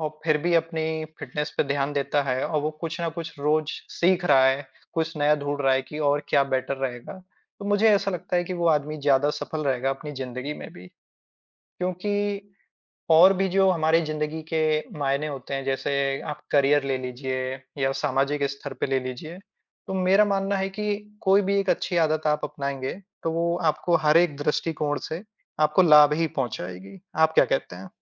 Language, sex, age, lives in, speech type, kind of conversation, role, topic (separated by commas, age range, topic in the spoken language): Hindi, male, 25-29, India, conversation, unstructured, none, आपकी रोज़मर्रा की ज़िंदगी में फिटनेस क्यों ज़रूरी है?